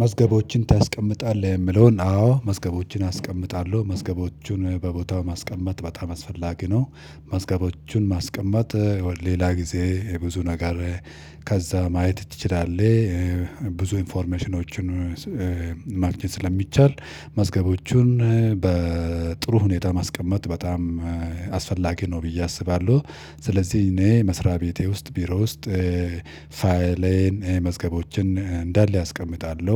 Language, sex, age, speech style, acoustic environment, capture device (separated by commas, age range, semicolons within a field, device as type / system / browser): Amharic, male, 35-44, happy; relaxed; focused, silence, mobile / Linux / Chrome